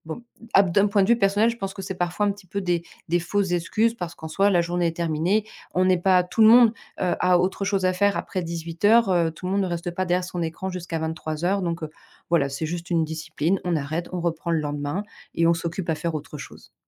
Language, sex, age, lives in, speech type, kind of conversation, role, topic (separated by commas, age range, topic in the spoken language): French, female, 40-44, France, conversation, podcast, guest, Comment trouves-tu l’équilibre entre le travail et ta vie personnelle ?